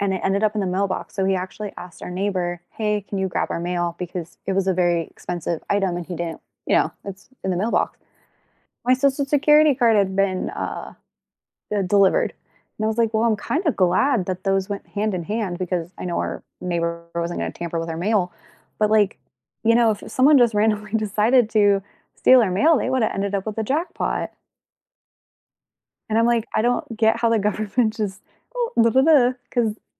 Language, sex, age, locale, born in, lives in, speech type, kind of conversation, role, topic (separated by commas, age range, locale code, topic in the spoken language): English, female, 25-29, en-US, United States, United States, conversation, unstructured, none, How do you balance convenience and privacy in the tech you use every day?